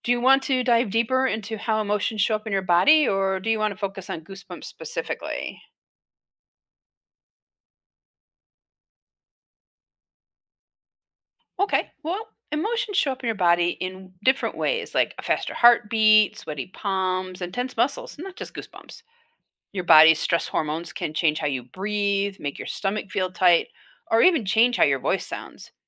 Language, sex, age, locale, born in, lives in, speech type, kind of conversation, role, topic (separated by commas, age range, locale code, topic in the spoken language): English, female, 60-64, en-US, United States, United States, read, expert-assistant, expert, Why do we get goosebumps?